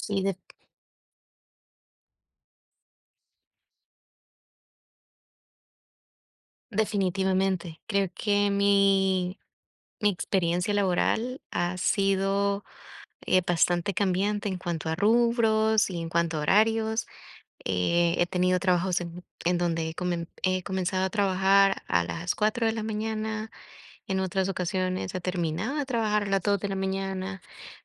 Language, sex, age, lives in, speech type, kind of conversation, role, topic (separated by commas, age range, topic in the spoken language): Spanish, female, 25-29, United States, conversation, unstructured, none, ¿Cómo organizas tu día para ser más productivo?